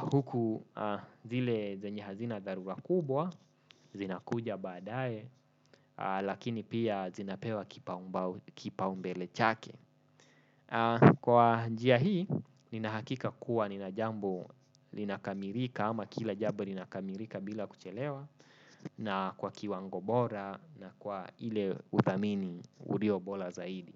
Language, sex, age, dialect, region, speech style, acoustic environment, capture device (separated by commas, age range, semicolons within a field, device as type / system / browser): Swahili, male, 25-34, Kenya - Nairobi Sheng-influenced, Kenya, relaxed, nature, desktop / Windows / Chrome